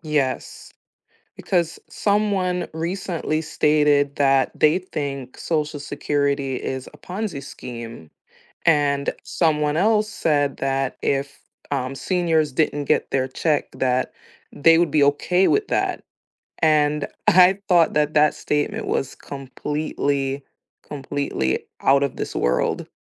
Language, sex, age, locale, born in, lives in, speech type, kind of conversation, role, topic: English, female, 30-34, en-US, United States, United States, conversation, unstructured, none, Would you rather change one decision in your life or influence one major world event?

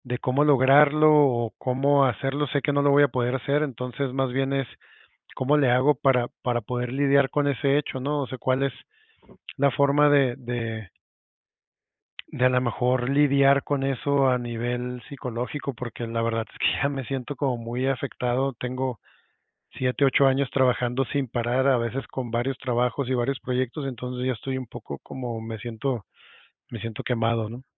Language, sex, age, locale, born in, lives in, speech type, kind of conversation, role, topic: Spanish, male, 40-44, es-MX, Mexico, Mexico, conversation, advice, user, ¿Cómo puedo encontrar tiempo para tomarme vacaciones este año?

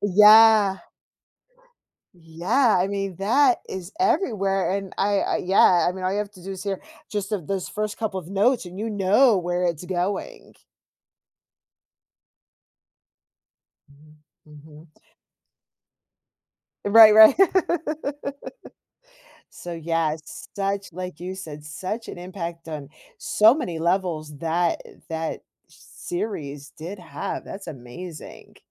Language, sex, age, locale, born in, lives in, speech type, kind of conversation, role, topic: English, female, 45-49, en-US, United States, United States, conversation, podcast, host, What makes certain TV shows resonate so strongly with audiences and shape popular culture?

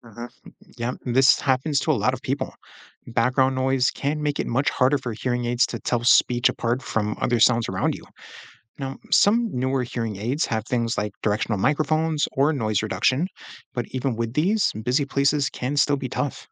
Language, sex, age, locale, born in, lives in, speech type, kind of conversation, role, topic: English, male, 35-39, en-US, United States, United States, read, expert-assistant, expert, How do sound waves travel and reach our ears?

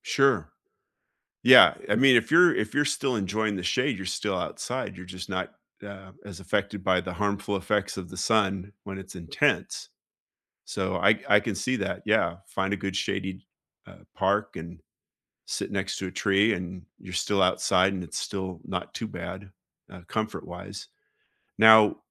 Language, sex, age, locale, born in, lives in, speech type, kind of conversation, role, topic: English, male, 55-59, en-US, United States, United States, conversation, unstructured, none, What is a comfort game or hobby you use to unwind after work?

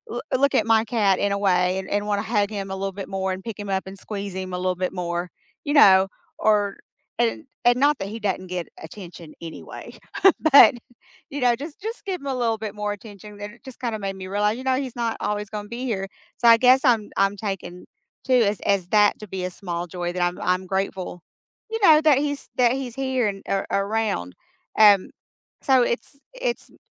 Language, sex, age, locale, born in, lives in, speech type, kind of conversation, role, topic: English, female, 50-54, en-US, United States, United States, conversation, unstructured, none, Do small joys really make your week brighter, and which little moments stood out for you?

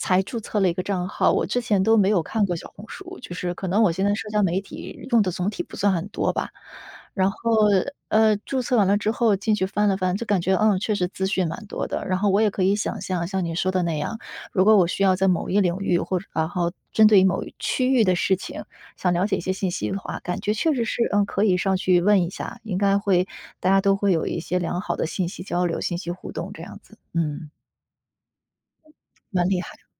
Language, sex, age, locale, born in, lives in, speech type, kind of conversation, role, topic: Chinese, female, 30-34, zh-CN, China, United States, conversation, unstructured, none, 你认为社交媒体会让人与人之间更亲近，还是更疏远？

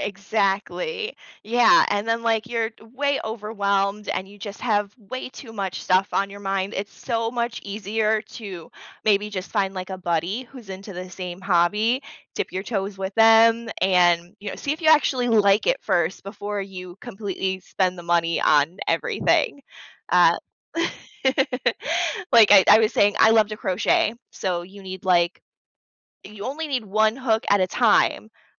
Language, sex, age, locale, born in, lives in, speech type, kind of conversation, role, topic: English, female, 30-34, en-US, United States, United States, conversation, podcast, guest, What helps people stay motivated and enjoy learning something new?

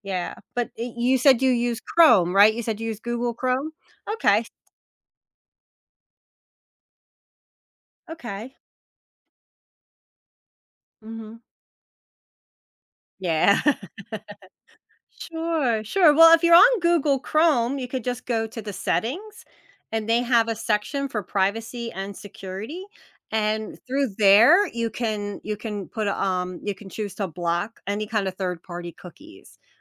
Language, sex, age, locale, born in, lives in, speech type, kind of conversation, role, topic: English, female, 45-49, en-US, United States, United States, conversation, advice, advisor, What practical steps can I take to stop websites and apps from tracking my personal data online?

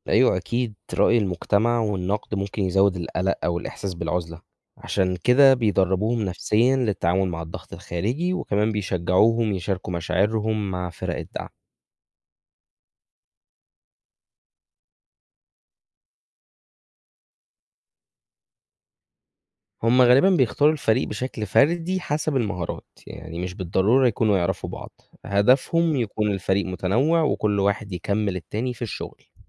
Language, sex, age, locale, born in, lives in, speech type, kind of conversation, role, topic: Arabic, male, 20-24, ar-EG, Egypt, Egypt, read, expert-assistant, expert, لو جالك فرصة تسافر في رحلة فضاء للمريخ ومش هترجع تاني للأرض، هتوافق؟ وإيه اللي ممكن يخليك تاخد القرار ده؟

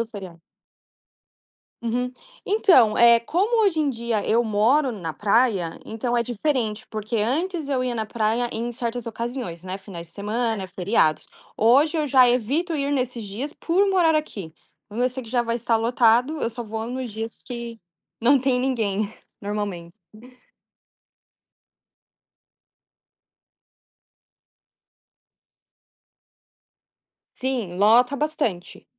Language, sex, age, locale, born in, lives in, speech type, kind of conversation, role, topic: Portuguese, female, 20-24, pt-BR, Brazil, United States, conversation, podcast, guest, Qual foi a sua primeira experiência marcante na praia?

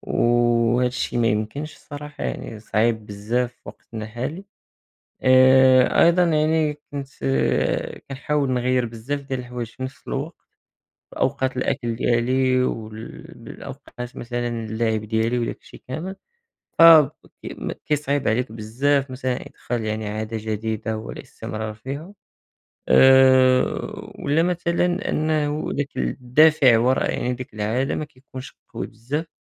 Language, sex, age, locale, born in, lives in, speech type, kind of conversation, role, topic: Arabic, male, 18-19, ar-MA, Morocco, France, conversation, unstructured, none, إزاي العادات اليومية بتأثر على مستقبلك؟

